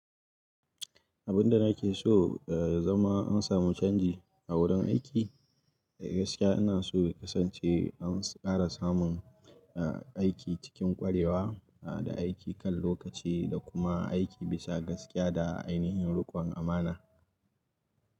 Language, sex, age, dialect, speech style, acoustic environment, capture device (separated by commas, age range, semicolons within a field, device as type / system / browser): Hausa, male, 25-34, Nigeria - Kano, relaxed, nature; silence, mobile / Linux / Chrome